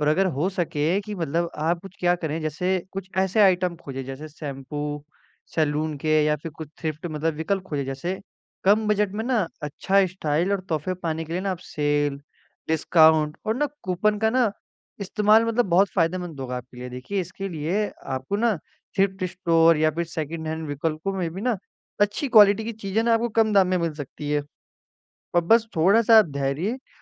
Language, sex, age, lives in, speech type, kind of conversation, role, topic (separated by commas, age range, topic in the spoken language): Hindi, male, 18-19, India, conversation, advice, advisor, कम बजट में अच्छा स्टाइल और सही तोहफा कैसे ढूंढें?